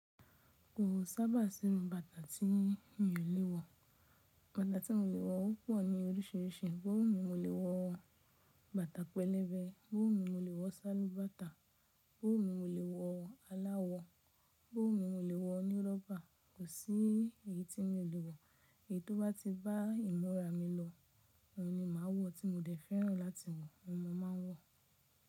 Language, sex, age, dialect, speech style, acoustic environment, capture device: Yoruba, female, 25-34, Nigeria - Nigerian Standard, relaxed, nature, mobile / Linux / Chrome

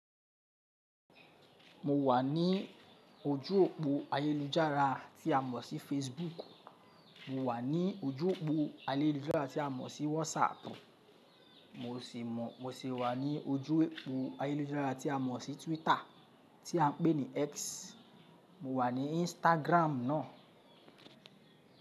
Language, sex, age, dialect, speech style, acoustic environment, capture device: Yoruba, male, 25-34, Nigeria - Lagos Yoruba, focused, people talking, mobile / Linux / Chrome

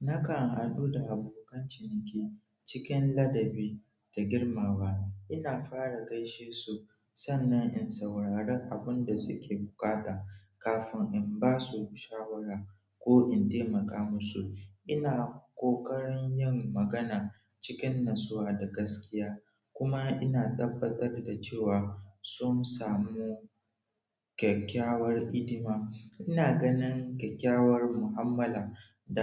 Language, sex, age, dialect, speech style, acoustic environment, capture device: Hausa, male, 18-24, Nigeria - Nigerian Standard, focused; relaxed, people talking; nature, mobile / Android / Chrome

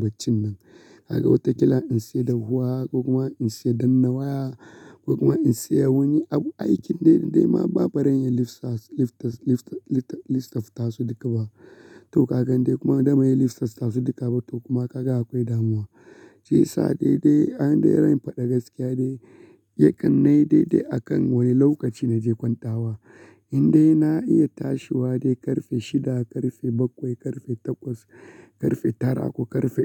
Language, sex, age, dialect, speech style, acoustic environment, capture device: Hausa, male, 25-34, Nigeria - Kano, relaxed; focused, silence; nature, mobile / Linux / Chrome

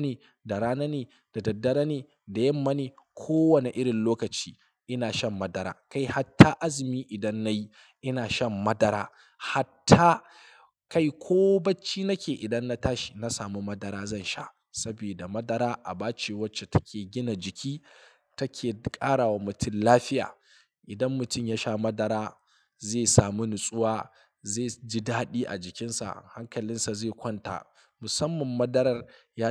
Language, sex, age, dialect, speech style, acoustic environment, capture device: Hausa, male, 25-34, Nigeria - Kano, happy; excited, silence, mobile / Linux / Chrome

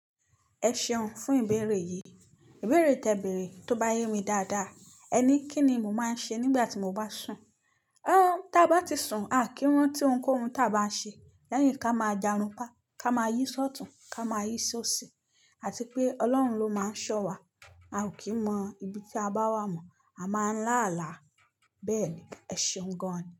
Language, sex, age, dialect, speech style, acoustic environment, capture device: Yoruba, female, 25-34, Nigeria - Nigerian Standard, happy, silence, mobile / Linux / Chrome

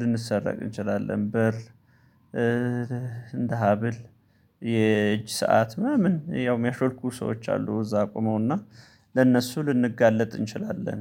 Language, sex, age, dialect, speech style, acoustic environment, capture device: Amharic, male, 35-44, Ethiopia - Gondar, happy; relaxed; focused, people talking; nature; traffic; silence, mobile / Linux / Chrome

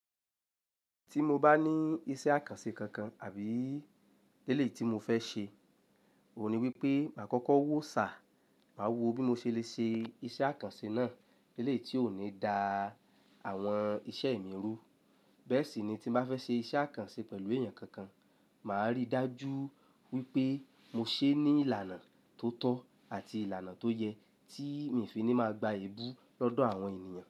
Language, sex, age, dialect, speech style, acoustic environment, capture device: Yoruba, male, 25-34, Nigeria - Lagos Yoruba, happy; relaxed; excited, silence, mobile / Linux / Chrome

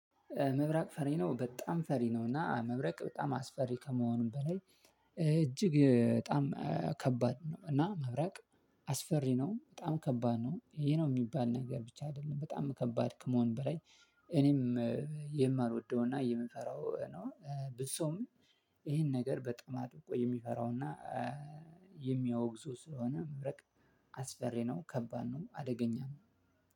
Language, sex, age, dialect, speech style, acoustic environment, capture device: Amharic, male, 25-34, Ethiopia - Addis Ababa, excited, silence, mobile / Linux / Chrome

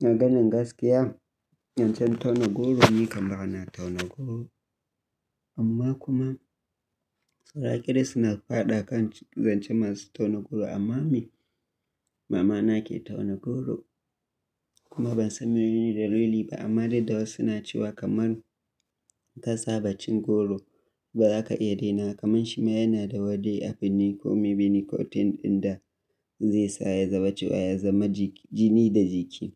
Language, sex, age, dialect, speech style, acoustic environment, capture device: Hausa, male, 25-34, Nigeria - Kano, happy, nature; silence, mobile / Linux / Chrome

